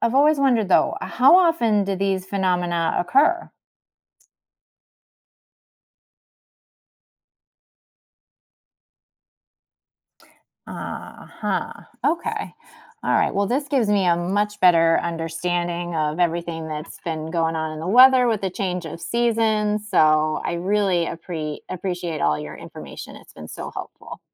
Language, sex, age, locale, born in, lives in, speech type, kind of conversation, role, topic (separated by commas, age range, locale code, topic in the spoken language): English, female, 40-44, en-US, United States, United States, read, expert-assistant, asker, What causes seasonal changes in weather?